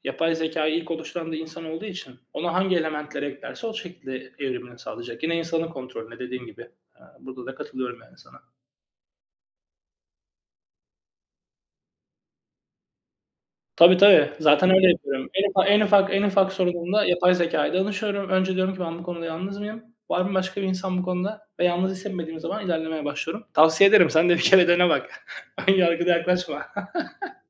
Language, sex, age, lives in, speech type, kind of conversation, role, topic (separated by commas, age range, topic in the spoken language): Turkish, male, 35-39, Greece, conversation, unstructured, none, Yapay zeka gelecekte iş hayatını nasıl etkileyebilir?